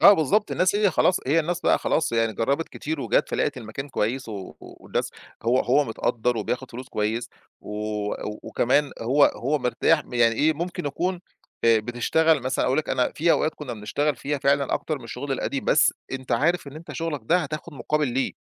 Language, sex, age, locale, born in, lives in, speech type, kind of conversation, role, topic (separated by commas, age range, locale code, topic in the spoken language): Arabic, male, 35-39, ar-EG, Egypt, Egypt, conversation, podcast, guest, إيه اللي بيخلّي مكان الشغل يحسّس الناس إنه مرحّب بيهم؟